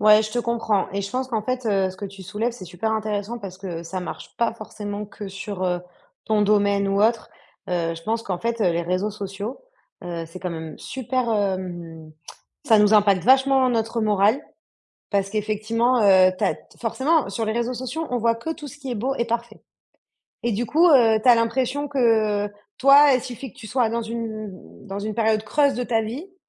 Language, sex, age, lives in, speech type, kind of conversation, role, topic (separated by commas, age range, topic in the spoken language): French, female, 30-34, France, conversation, advice, advisor, Comment vous comparez-vous aux autres sur les réseaux sociaux ?